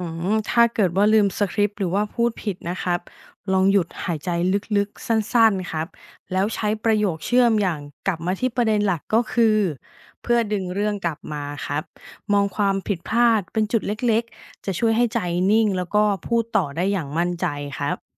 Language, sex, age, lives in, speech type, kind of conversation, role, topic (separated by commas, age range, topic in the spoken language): Thai, female, 35-39, Thailand, read, expert-assistant, expert, ถ้าอยากพัฒนาเทคนิคการแสดงให้ดูเป็นธรรมชาติ ควรเริ่มจากอะไรดี?